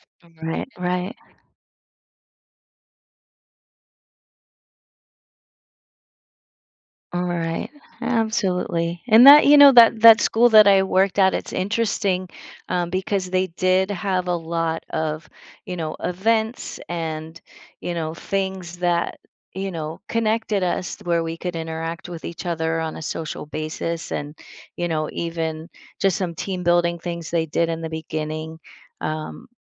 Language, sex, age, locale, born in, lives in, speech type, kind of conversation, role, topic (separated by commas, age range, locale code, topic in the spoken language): English, female, 55-59, en-US, United States, United States, conversation, podcast, guest, What helps people feel comfortable and included when joining a new community?